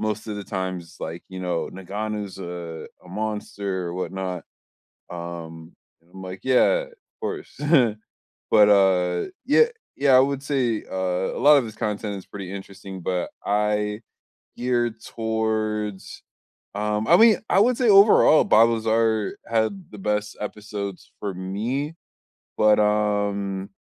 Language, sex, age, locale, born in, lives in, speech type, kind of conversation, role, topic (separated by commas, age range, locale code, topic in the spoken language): English, male, 25-29, en-US, United States, United States, conversation, unstructured, none, Which hidden-gem podcasts or channels deserve more love, and what makes them special to you?